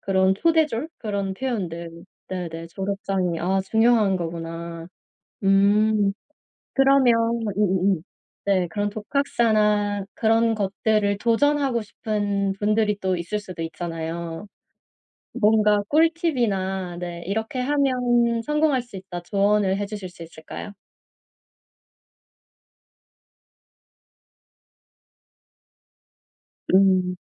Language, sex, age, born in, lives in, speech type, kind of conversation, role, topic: Korean, female, 35-39, South Korea, South Korea, conversation, podcast, host, 독학으로 취업하거나 직무 전환에 성공한 사례가 있나요?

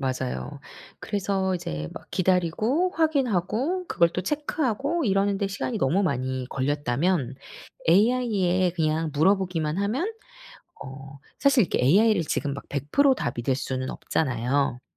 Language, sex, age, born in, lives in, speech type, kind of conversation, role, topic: Korean, female, 40-44, South Korea, South Korea, conversation, podcast, guest, AI 도구가 일상 업무를 어떻게 바꿨나요?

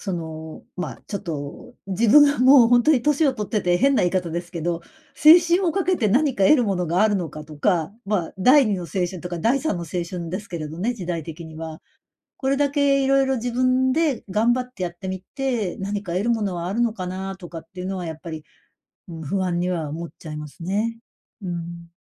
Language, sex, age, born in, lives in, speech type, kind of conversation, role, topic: Japanese, female, 55-59, Japan, Japan, conversation, advice, user, 将来の不確実性が怖くて起業に踏み出せないとき、どうすればいいですか？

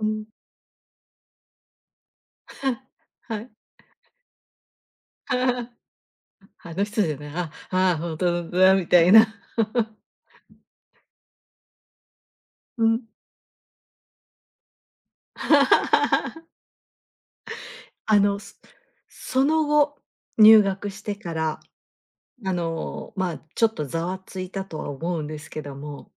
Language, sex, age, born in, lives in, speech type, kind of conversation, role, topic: Japanese, female, 50-54, Japan, Japan, conversation, podcast, host, 人生で一番印象に残っている瞬間は何ですか？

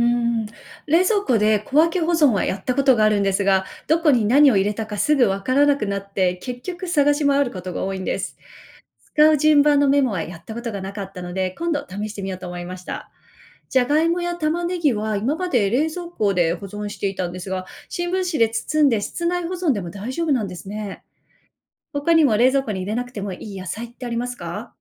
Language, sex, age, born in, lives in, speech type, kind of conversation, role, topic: Japanese, female, 40-44, Japan, United States, read, expert-assistant, asker, 野菜の鮮度を保つ保存方法はどうすればよいですか？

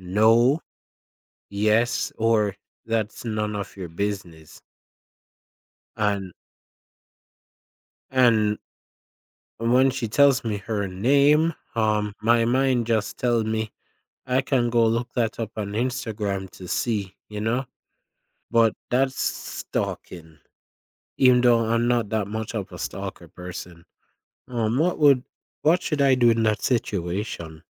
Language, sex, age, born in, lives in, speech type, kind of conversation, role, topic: English, male, 20-24, Jamaica, United States, conversation, advice, user, How do I make meaningful friends after moving to a new city?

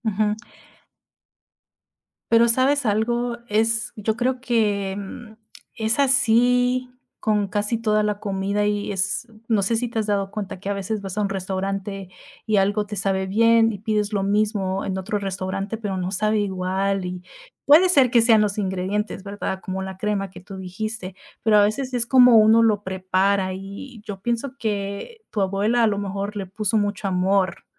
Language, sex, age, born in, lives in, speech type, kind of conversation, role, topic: Spanish, female, 30-34, United States, United States, conversation, unstructured, none, ¿Tienes algún recuerdo especial asociado con alguna comida?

